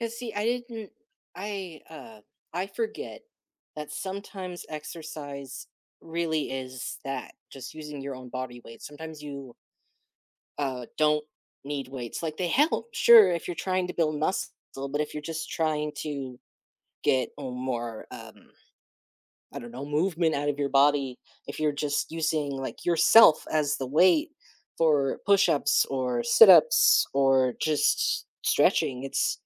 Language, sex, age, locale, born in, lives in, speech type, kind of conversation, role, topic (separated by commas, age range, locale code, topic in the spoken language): English, male, 30-34, en-US, United States, United States, conversation, unstructured, none, How do you usually motivate yourself to exercise?